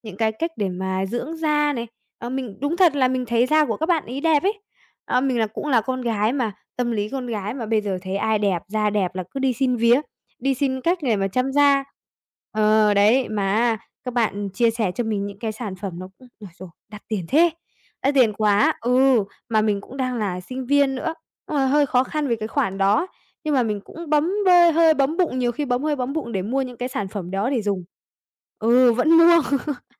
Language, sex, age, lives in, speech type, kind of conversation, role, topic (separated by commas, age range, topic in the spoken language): Vietnamese, female, 20-24, Vietnam, conversation, advice, user, Làm sao để ngừng so sánh mức sống với bạn bè và chi tiêu phù hợp với khả năng của mình?